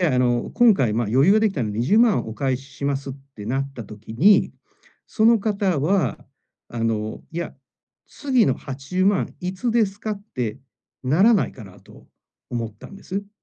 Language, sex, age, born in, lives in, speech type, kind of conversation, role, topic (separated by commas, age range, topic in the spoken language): Japanese, male, 45-49, Japan, Japan, conversation, advice, user, 返済力を高めるために、予算はどのように管理すればよいですか？